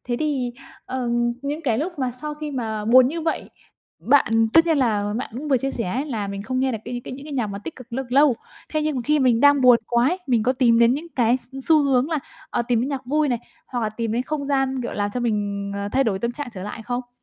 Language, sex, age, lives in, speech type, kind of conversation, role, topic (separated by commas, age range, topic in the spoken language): Vietnamese, female, 25-29, Vietnam, conversation, podcast, host, Thể loại nhạc nào chạm đến bạn nhất, và vì sao?